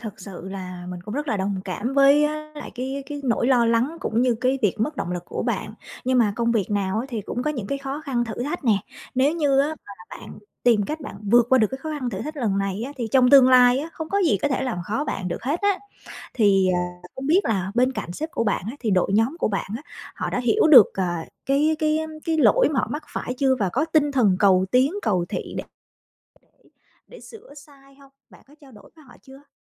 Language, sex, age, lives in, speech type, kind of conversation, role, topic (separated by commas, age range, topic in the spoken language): Vietnamese, female, 35-39, Vietnam, conversation, advice, advisor, Làm sao để lấy lại động lực sau khi nhận phản hồi tiêu cực từ khách hàng?